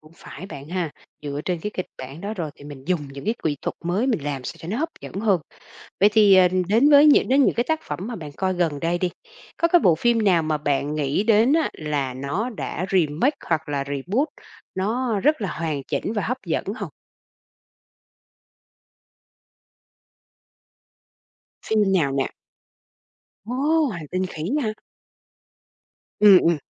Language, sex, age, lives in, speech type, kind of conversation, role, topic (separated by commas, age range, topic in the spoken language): Vietnamese, female, 45-49, Vietnam, conversation, podcast, host, Bạn nghĩ gì về trào lưu phim làm lại và khởi động lại dạo này?